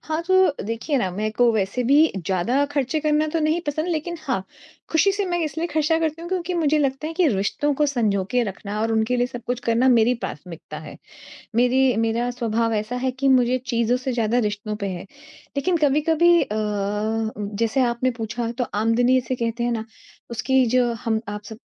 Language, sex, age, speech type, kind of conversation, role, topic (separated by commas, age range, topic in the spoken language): Hindi, female, 40-44, conversation, advice, user, दोस्तों की तुलना में मेरा खर्च बढ़ रहा है और मुझे उलझन हो रही है—मैं क्या करूँ?